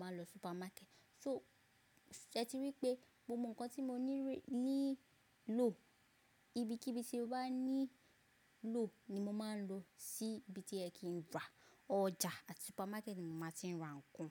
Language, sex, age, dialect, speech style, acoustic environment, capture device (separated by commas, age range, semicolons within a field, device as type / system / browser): Yoruba, female, 25-34, Nigeria - Lagos Yoruba, focused; relaxed, silence, mobile / macOS / Safari